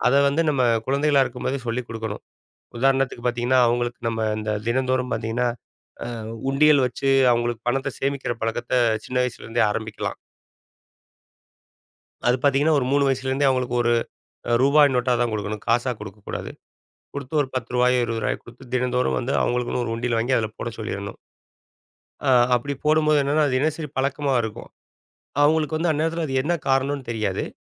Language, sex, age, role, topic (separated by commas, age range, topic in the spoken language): Tamil, male, 40-44, guest, குழந்தைகளுக்கு தங்கள் பொறுப்புகளை எப்படிக் கற்றுக்கொடுப்பீர்கள்?